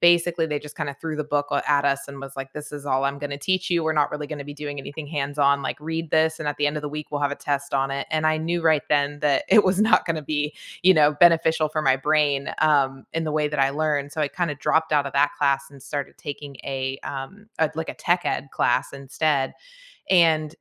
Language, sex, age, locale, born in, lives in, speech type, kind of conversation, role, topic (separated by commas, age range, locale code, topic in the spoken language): English, female, 40-44, en-US, United States, United States, conversation, unstructured, none, Which learning style works best for you—visual, hands-on, or auditory?